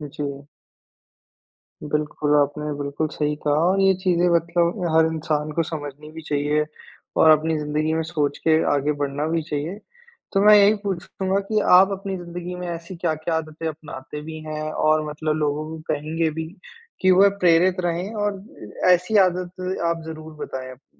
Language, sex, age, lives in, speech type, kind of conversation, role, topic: Hindi, male, 55-59, India, conversation, podcast, host, लंबे समय तक अनुशासन बनाए रखने का रहस्य क्या है?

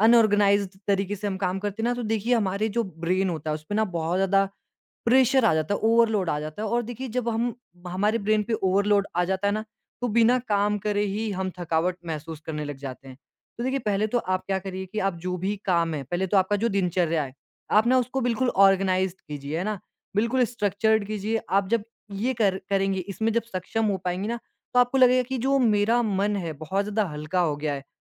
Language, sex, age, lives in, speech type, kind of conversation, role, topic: Hindi, male, 20-24, India, conversation, advice, advisor, लंबे समय तक ध्यान केंद्रित रखने में कठिनाई और जल्दी थक जाना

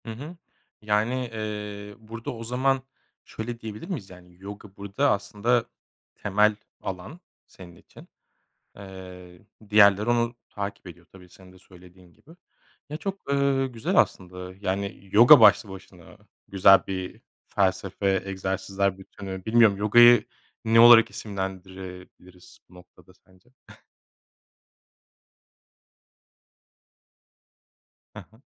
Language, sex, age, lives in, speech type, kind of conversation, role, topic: Turkish, male, 35-39, Germany, conversation, podcast, host, Kaygılandığın anlarda seni sakinleştiren küçük alışkanlıklar neler?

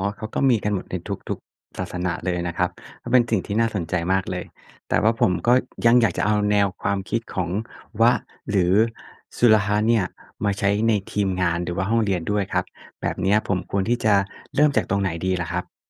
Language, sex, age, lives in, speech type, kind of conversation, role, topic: Thai, male, 35-39, Thailand, read, expert-assistant, asker, เรื่องราวจากประวัติศาสตร์ศาสนาที่ช่วยให้เรามองชีวิตในมุมใหม่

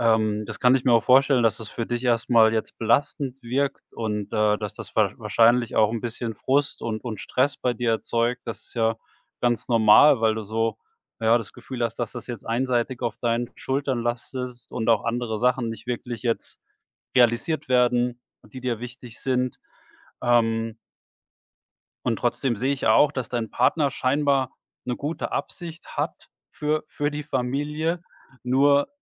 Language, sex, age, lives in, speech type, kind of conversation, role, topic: German, male, 45-49, Germany, conversation, advice, advisor, Wie können unterschiedliche Ausgabengewohnheiten in einer Partnerschaft zu Streit führen?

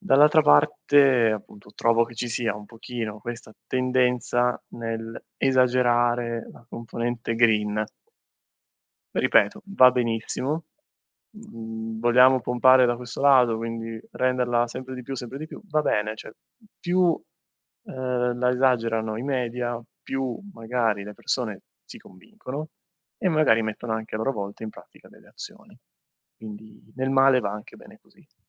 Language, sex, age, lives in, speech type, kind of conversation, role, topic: Italian, male, 25-29, Italy, conversation, podcast, guest, Come può la tecnologia aiutarci a vivere in modo più sostenibile?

